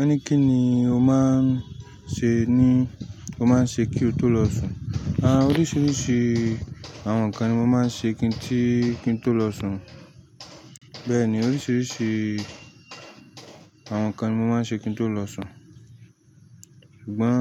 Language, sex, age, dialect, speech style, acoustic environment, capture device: Yoruba, male, 25-34, Nigeria - Lagos Yoruba, relaxed, silence; nature, mobile / Linux / Chrome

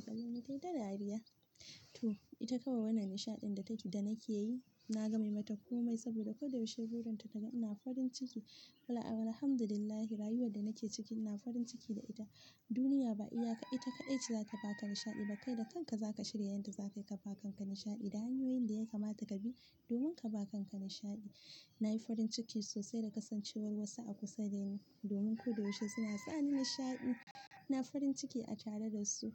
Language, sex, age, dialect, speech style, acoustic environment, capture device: Hausa, female, 18-24, Nigeria - Kano, happy, silence, mobile / Linux / Chrome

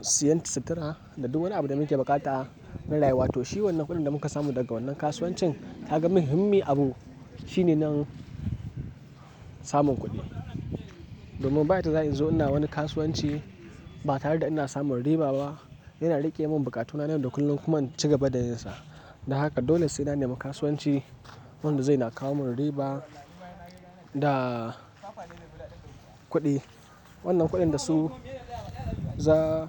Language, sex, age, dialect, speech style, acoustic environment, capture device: Hausa, male, 18-24, Nigeria - Kano, excited, nature, mobile / Linux / Chrome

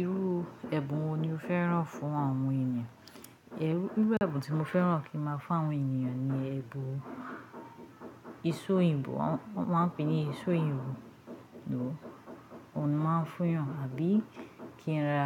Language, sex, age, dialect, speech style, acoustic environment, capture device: Yoruba, female, 25-34, Nigeria - Ijebu, happy, silence, mobile / Linux / Chrome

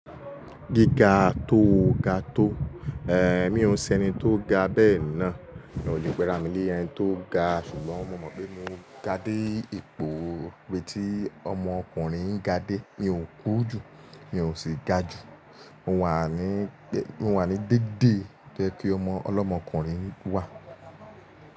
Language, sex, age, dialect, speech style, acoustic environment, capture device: Yoruba, male, 25-34, Nigeria - Lagos Yoruba, relaxed, silence, mobile / Linux / Chrome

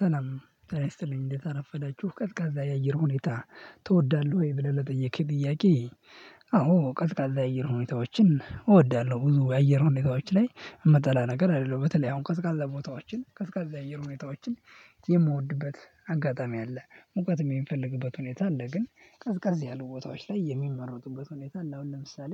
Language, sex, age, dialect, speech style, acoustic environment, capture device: Amharic, male, 18-24, Ethiopia - Addis Ababa, happy; nervous, people talking; silence; music, mobile / Linux / Chrome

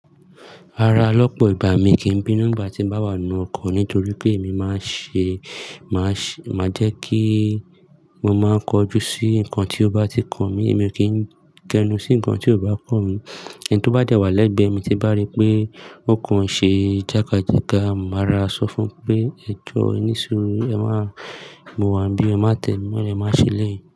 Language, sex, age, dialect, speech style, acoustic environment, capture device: Yoruba, male, 25-34, Nigeria - Lagos Yoruba, happy; focused, people talking; nature; construction, mobile / Linux / Chrome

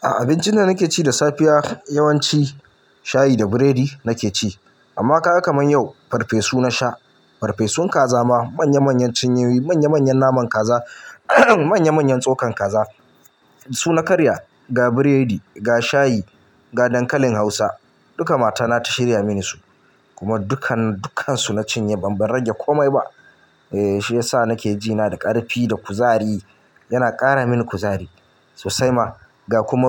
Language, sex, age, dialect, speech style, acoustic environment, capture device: Hausa, male, 25-34, Nigeria - Kano, relaxed, appliances, mobile / Linux / Chrome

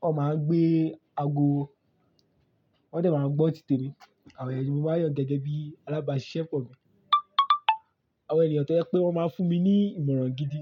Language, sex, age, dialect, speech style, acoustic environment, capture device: Yoruba, male, 18-24, Nigeria - Lagos Yoruba, focused, silence, mobile / Linux / Chrome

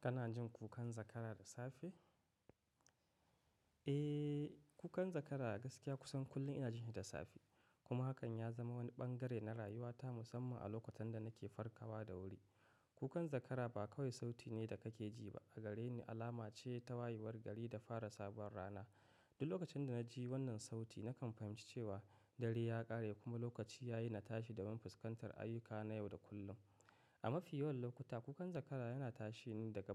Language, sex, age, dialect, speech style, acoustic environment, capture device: Hausa, male, 25-34, Nigeria - Katsina, happy, silence, mobile / macOS / Safari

